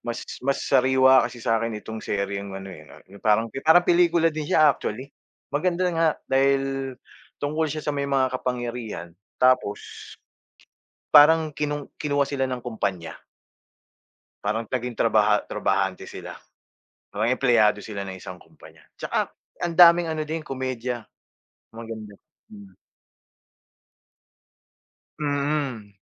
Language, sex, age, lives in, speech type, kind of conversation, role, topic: Filipino, male, 45-49, Philippines, conversation, unstructured, none, Ano ang huling pelikulang napanood mo, at bakit mo ito nagustuhan?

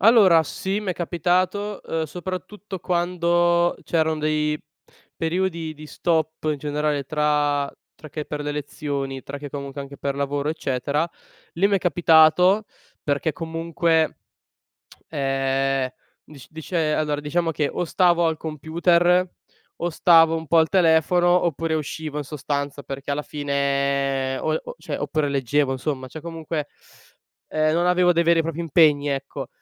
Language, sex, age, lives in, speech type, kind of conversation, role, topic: Italian, male, 20-24, Italy, conversation, podcast, guest, Come gestisci l’uso dello schermo e del telefono appena ti svegli?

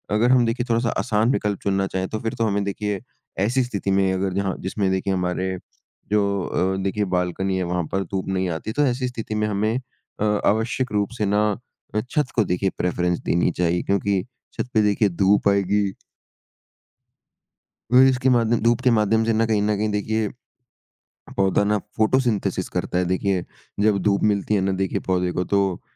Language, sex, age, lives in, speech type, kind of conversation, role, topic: Hindi, male, 55-59, India, conversation, podcast, guest, छत या बालकनी में बागवानी कैसे शुरू करें?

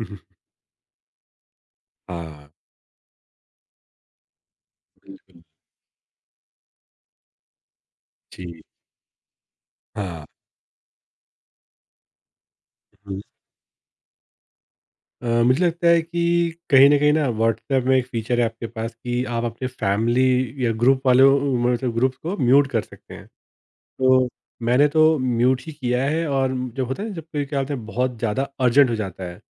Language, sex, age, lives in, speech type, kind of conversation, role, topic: Hindi, male, 30-34, India, conversation, podcast, guest, Mindful scrolling ka matlab kya hai, aur iski practice kaise karein?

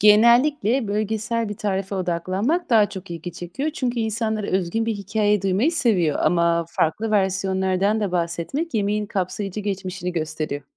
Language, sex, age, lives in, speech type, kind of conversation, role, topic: Turkish, female, 30-34, Netherlands, read, expert-assistant, expert, Bir ülkenin kimliğini şekillendirmede, gelenekleri korumak mı yoksa yeni kültürlere açık olmak mı daha etkili olur?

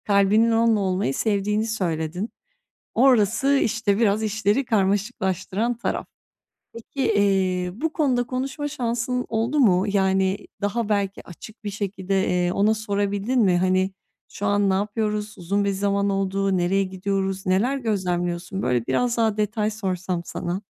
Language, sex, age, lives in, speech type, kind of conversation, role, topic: Turkish, female, 30-34, Bulgaria, conversation, advice, advisor, İşimde aldığım eleştirileri kendimden ayrı tutmayı nasıl öğrenebilirim?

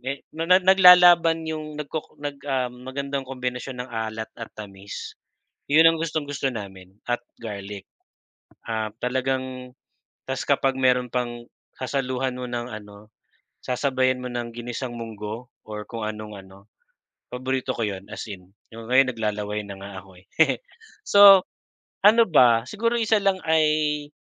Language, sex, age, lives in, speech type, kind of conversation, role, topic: Filipino, male, 40-44, Philippines, conversation, unstructured, none, Ano ang palagay mo sa labis na paggamit ng artipisyal na pampalasa sa pagkain?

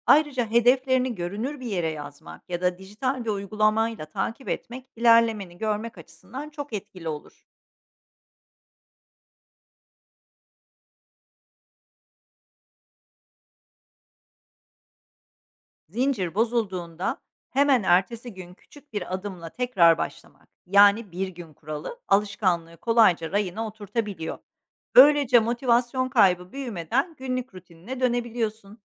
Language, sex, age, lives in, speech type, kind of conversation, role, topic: Turkish, female, 45-49, Netherlands, read, expert-assistant, expert, Yoğun iş temposunda tükenmişlik yaşamadan üretken kalmak mümkün mü? Dengeli bir hayat için hangi pratik adımlar atılabilir?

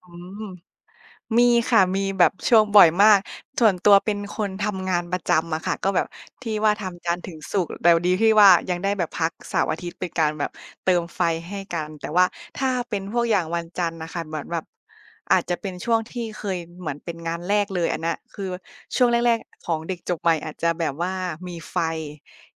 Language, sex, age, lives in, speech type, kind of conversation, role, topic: Thai, female, 25-29, Thailand, conversation, unstructured, none, ทำไมบางครั้งงานถึงทำให้เรารู้สึกหมดไฟ?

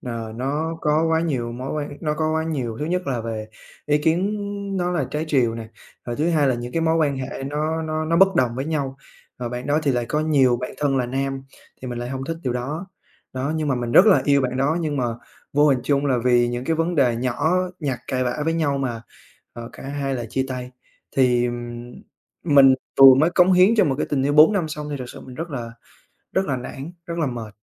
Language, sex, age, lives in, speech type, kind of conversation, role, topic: Vietnamese, male, 20-24, Vietnam, conversation, advice, user, Sau khi chia tay, vì sao tôi sợ hẹn hò trở lại và cảm thấy mất tự tin khi gặp người mới?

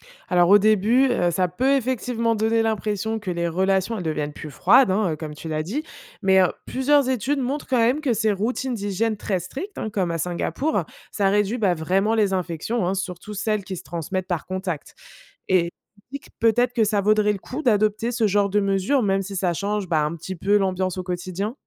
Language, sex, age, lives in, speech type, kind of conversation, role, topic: French, female, 25-29, France, read, expert-assistant, expert, Y a-t-il des habitudes quotidiennes dans certaines cultures qui aident vraiment à se protéger des maladies ?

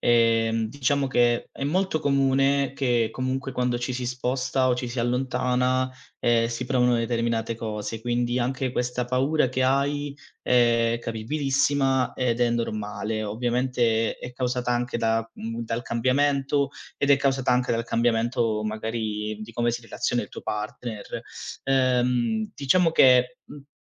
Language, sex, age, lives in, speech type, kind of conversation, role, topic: Italian, male, 25-29, Italy, conversation, advice, advisor, Come posso affrontare il freddo emotivo e la mancanza di comunicazione tra me e il mio coniuge?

